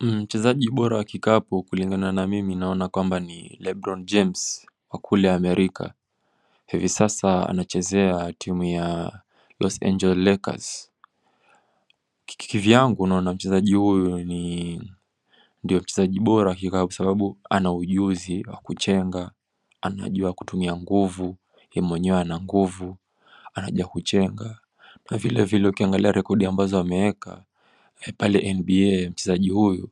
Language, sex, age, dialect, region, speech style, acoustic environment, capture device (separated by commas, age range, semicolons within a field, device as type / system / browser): Swahili, male, 18-24, Kenya - Mombasa, Kenya, relaxed, silence, mobile / macOS / Safari